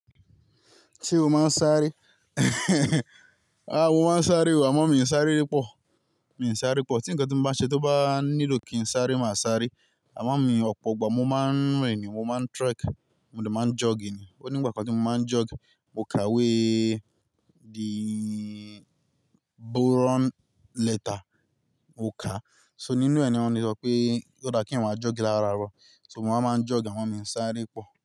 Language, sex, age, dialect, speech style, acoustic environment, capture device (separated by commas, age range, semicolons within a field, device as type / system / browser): Yoruba, male, 25-34, Nigeria - Ibadan, happy, nature; silence, mobile / Linux / Chrome